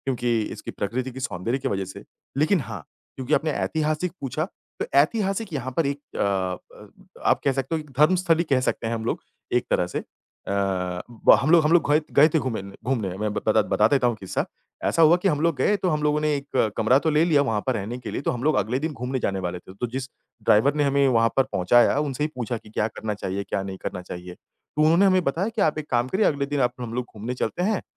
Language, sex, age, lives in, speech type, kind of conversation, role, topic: Hindi, male, 30-34, India, conversation, podcast, guest, आपकी सबसे यादगार यात्रा कौन सी रही?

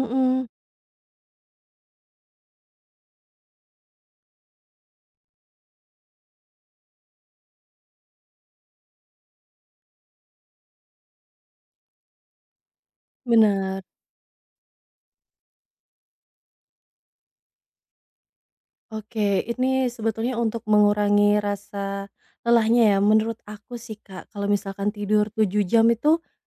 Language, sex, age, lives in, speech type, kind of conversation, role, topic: Indonesian, female, 25-29, Indonesia, conversation, advice, advisor, Mengapa saya mudah lelah pada siang hari meskipun sudah tidur cukup setiap malam?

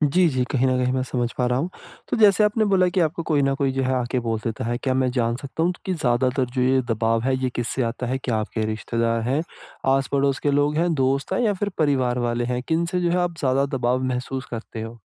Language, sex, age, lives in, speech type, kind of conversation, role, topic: Hindi, male, 25-29, India, conversation, advice, advisor, छुट्टियों में सामाजिक दबाव और अकेलेपन से कैसे निपटूँ?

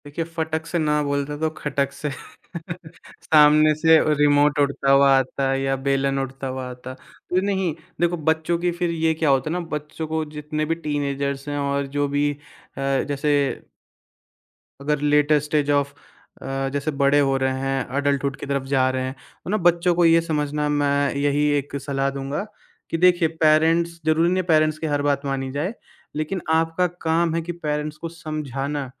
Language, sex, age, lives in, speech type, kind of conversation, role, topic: Hindi, male, 20-24, India, conversation, podcast, guest, Family expectations ko 'na' kaise bola aur aapne apni boundaries kaise banayi?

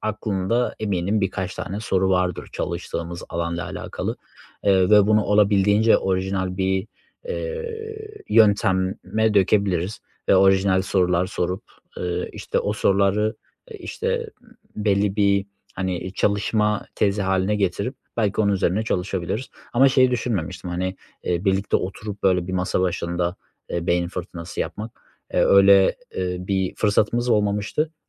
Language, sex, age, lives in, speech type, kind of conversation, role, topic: Turkish, male, 25-29, Germany, conversation, advice, user, Farklı bakış açılarıyla yaratıcı tıkanıklığı ekipçe hızlıca nasıl çözebiliriz?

